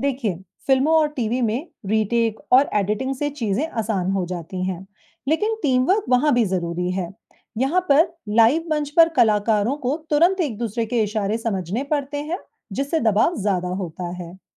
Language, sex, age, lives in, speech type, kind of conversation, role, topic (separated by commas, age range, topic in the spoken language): Hindi, female, 35-39, India, read, expert-assistant, expert, जब मंच पर अचानक कोई गड़बड़ हो जाए, तो कलाकार उसे कैसे संभाल सकते हैं?